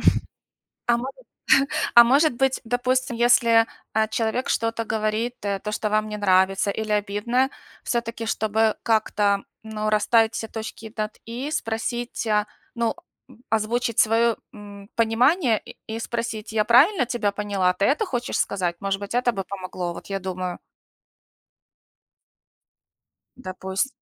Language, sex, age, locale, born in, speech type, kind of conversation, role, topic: Russian, female, 45-49, ru-UA, Ukraine, conversation, unstructured, none, Как ты выражаешь свои мысли и чувства?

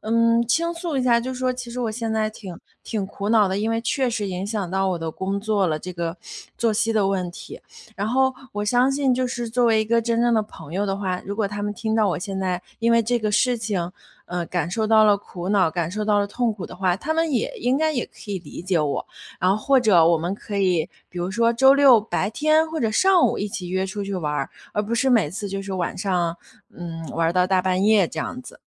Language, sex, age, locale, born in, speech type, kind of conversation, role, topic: Chinese, female, 30-34, zh-CN, China, conversation, advice, user, 周末作息被打乱会如何影响你工作日的精神状态？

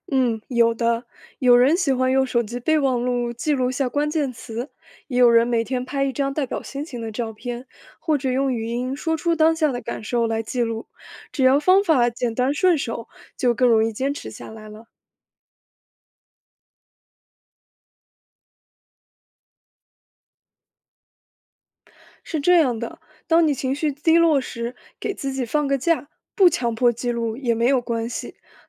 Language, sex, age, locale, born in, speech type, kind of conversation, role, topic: Chinese, female, 20-24, zh-CN, China, read, expert-assistant, expert, 心理咨询为什么对每个人效果不同？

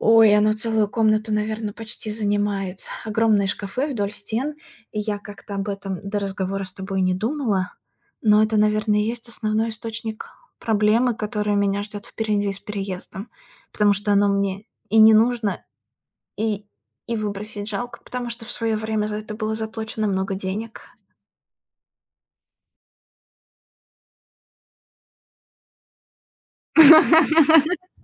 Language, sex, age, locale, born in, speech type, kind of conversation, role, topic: Russian, female, 30-34, ru-RU, Russia, conversation, advice, user, Как организовать вещи при переезде, чтобы в новом доме не было бытового хаоса?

